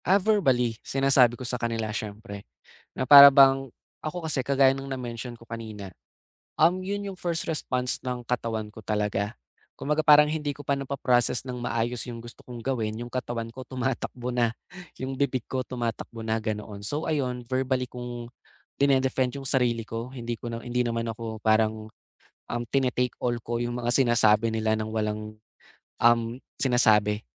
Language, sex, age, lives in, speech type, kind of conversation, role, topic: Filipino, male, 20-24, Philippines, conversation, advice, user, Paano ko mapoproseso ang aking damdamin at makapagbibigay ng mahinahong tugon sa halip na padalus-dalos na reaksyon kapag binabatikos ako?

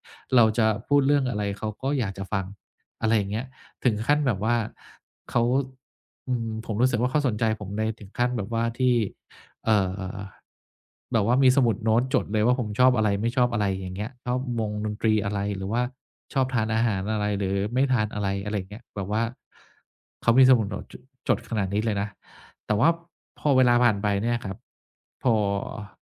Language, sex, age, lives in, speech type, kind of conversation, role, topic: Thai, male, 50-54, Thailand, conversation, advice, user, ฉันควรเริ่มขอโทษและซ่อมความสัมพันธ์กับเขาอย่างไร?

